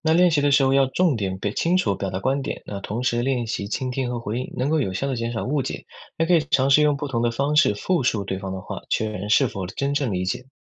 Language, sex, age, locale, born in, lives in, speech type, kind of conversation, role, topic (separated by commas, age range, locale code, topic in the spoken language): Chinese, male, 20-24, zh-CN, China, United States, read, expert-assistant, expert, 如果我想更容易和不同背景的人交流，有哪些实用的小技巧可以学？